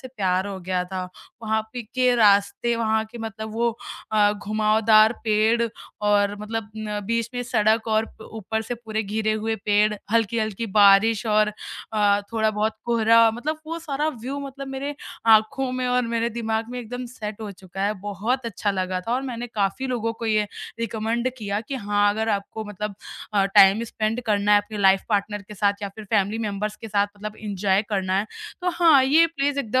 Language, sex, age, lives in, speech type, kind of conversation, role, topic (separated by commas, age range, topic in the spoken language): Hindi, female, 20-24, India, conversation, podcast, guest, Koi rooftop ya chai ki dukaan jahan se nazara zabardast ho, recommend karoge?